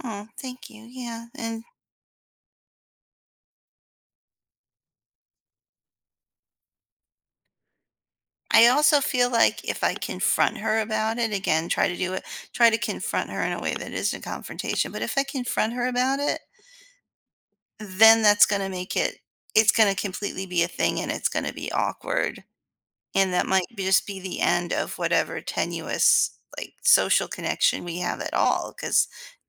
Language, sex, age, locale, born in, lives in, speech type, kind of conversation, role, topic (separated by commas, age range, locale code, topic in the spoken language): English, female, 40-44, en-US, United States, United States, conversation, advice, user, How do I cope with sadness after a friendship fades?